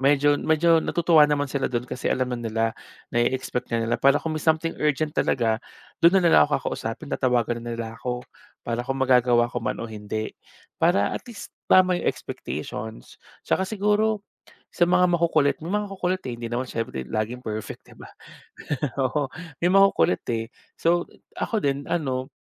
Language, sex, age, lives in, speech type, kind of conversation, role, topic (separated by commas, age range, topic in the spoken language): Filipino, male, 30-34, Philippines, conversation, podcast, guest, Paano ka nagtatakda ng malinaw na hangganan kapag nagtatrabaho ka sa bahay?